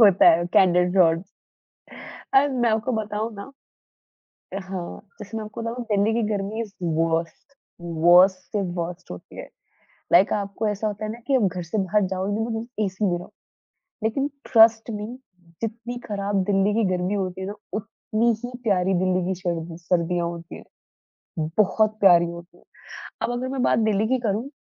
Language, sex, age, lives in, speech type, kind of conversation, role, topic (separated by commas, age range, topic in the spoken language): Hindi, female, 20-24, India, conversation, podcast, host, Comfort aur style ka balance banane ka tumhara tareeka kya hai?